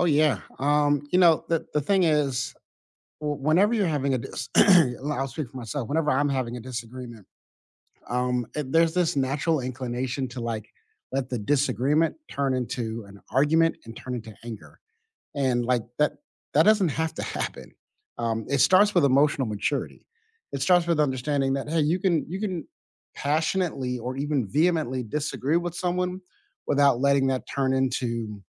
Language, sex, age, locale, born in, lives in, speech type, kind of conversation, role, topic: English, male, 35-39, en-US, United States, United States, conversation, unstructured, none, How do you maintain respect and empathy during heated disagreements to stay connected?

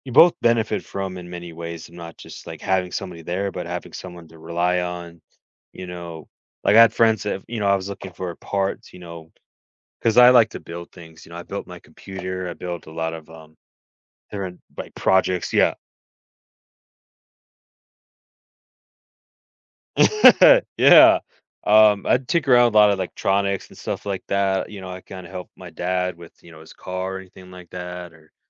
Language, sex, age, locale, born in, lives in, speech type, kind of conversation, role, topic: English, male, 20-24, en-US, United States, United States, conversation, podcast, guest, How do we build and maintain deep, lasting friendships in our lives?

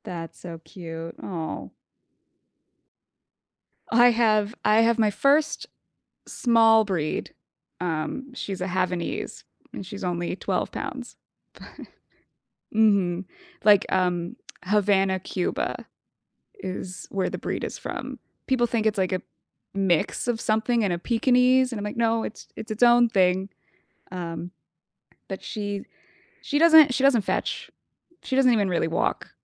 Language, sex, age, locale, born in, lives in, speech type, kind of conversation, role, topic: English, female, 25-29, en-US, United States, United States, conversation, podcast, host, How do you incorporate stress management into your everyday life?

